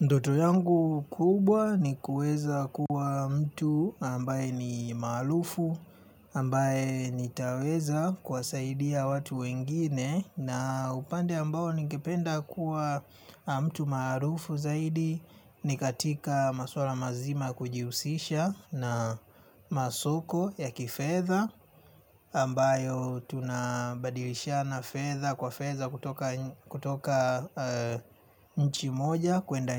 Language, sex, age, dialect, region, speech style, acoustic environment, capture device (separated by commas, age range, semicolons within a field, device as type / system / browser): Swahili, male, 25-34, Tanzania - Dar es Salaam, Tanzania, relaxed; excited; focused, nature; silence, mobile / Linux / Chrome